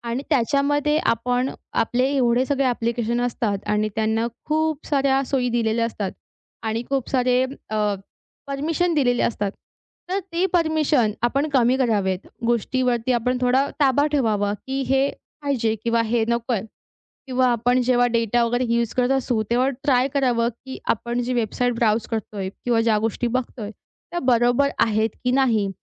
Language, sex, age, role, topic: Marathi, female, 20-24, guest, भविष्यात गोपनीयतेबाबत कोणत्या गोष्टींची चिंता करावी लागेल?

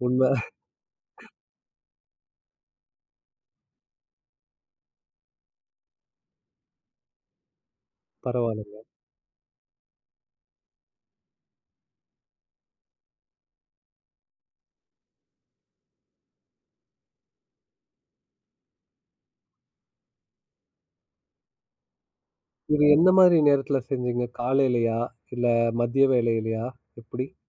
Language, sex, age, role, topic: Tamil, male, 30-34, host, நண்பர்களும் குடும்பத்தினரும் தரும் ஆதரவு மனஅழுத்தத்தை குறைக்க எவ்வளவு உதவுகிறது?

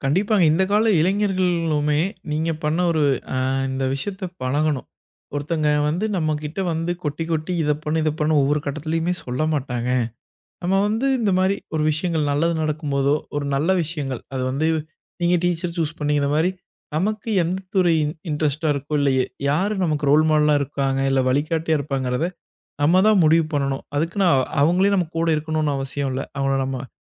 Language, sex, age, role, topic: Tamil, male, 25-29, host, வழிகாட்டியை நீங்கள் எப்படித் தேர்வு செய்வீர்கள்?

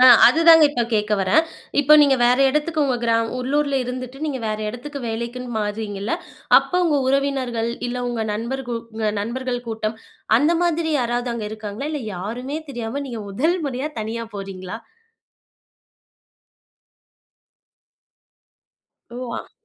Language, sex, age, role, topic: Tamil, female, 25-29, host, வேலை மாற்றம் செய்யுமுன் உங்கள் உள்ளம் சொல்வதைக் நீங்கள் எப்படி சோதிப்பீர்கள்?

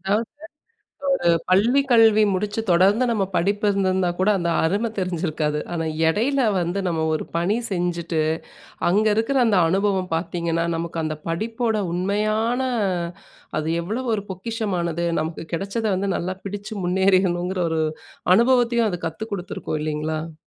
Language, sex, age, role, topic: Tamil, female, 35-39, host, உன் வாழ்க்கையை மாற்றிய சின்ன சம்பவம் என்ன?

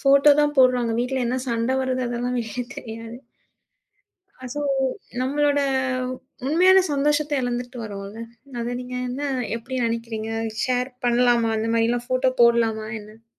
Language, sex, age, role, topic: Tamil, female, 30-34, host, பொதுவாக பேசும்போது, உங்கள் தனிப்பட்ட கதையை எவ்வளவு அளவுக்கு பகிர வேண்டும் என்று நீங்கள் எப்படி முடிவு செய்கிறீர்கள்?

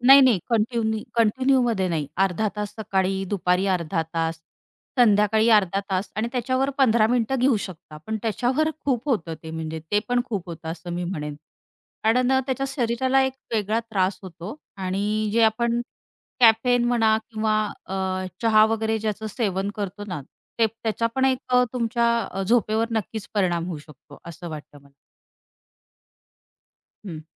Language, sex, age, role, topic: Marathi, female, 35-39, guest, तुम्ही झोपेची दिनचर्या कशी पाळता?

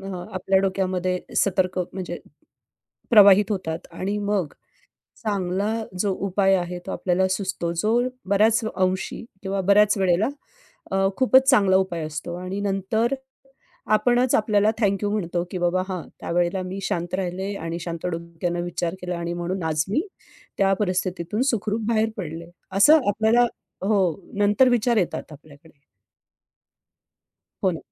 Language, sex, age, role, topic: Marathi, female, 45-49, guest, दैनंदिन जीवनात स्वीकृतीचं एक साधं उदाहरण सांगू शकाल का?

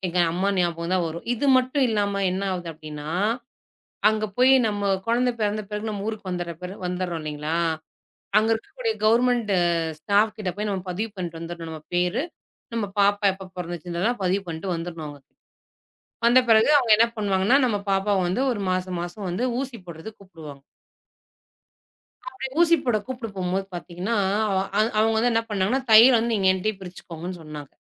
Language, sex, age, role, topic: Tamil, female, 35-39, guest, நீங்கள் காயமடைந்த பிறகு முதல் இரண்டு வாரங்களில் என்னென்ன செய்ய வேண்டும்?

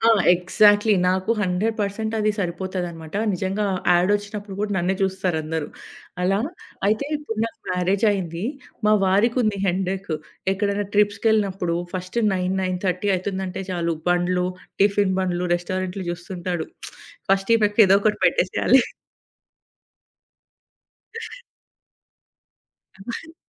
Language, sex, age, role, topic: Telugu, female, 30-34, guest, ఆగ్రహం ఉన్నప్పటికీ శాంతంగా ఎలా చెప్పాలి?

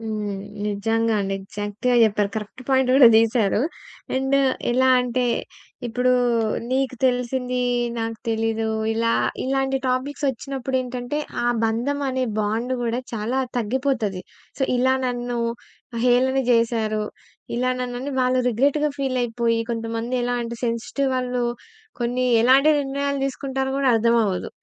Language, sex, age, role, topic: Telugu, female, 20-24, host, కుటుంబంలో మన మాటను వినకపోవడం సమస్యేనా?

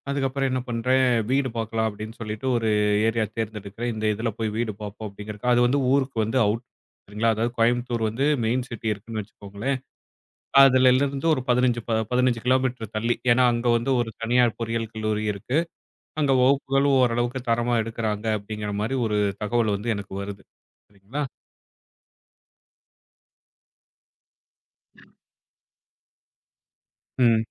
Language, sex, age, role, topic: Tamil, male, 35-39, guest, முன்னேற்றத்திற்காக வேறு நகரத்துக்கு இடம் மாற முடிவு செய்த போது, உங்கள் முடிவை உருவாக்கிய மிக முக்கியமான காரணம் என்ன?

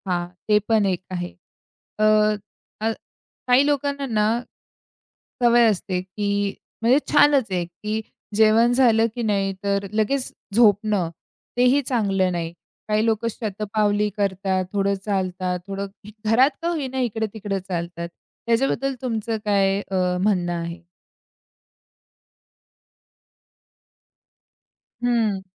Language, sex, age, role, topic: Marathi, female, 45-49, host, रात्रभर नीट झोप यावी यासाठी तुम्ही काय करता?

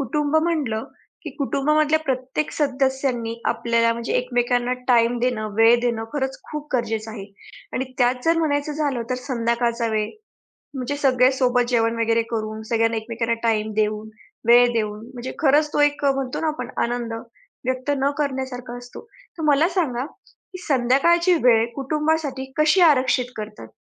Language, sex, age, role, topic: Marathi, female, 40-44, host, तुम्ही संध्याकाळची वेळ कुटुंबासाठी कशी आरक्षित ठेवता?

